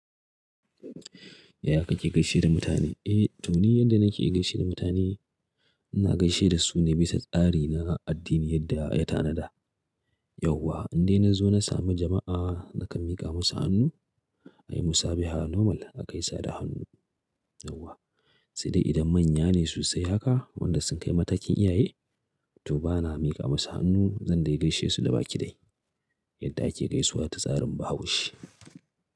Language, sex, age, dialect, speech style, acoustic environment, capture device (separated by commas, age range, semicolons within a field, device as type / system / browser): Hausa, male, 35-44, Nigeria - Kano, relaxed, nature, mobile / Linux / Chrome